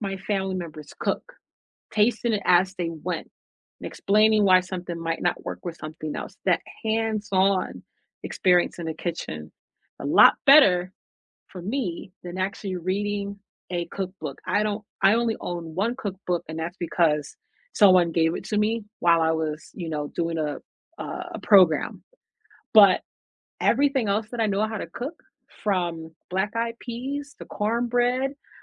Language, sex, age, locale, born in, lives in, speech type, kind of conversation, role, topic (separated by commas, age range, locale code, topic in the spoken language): English, female, 35-39, en-US, United States, United States, conversation, unstructured, none, What learning format helps you learn best—videos, books, or hands-on projects?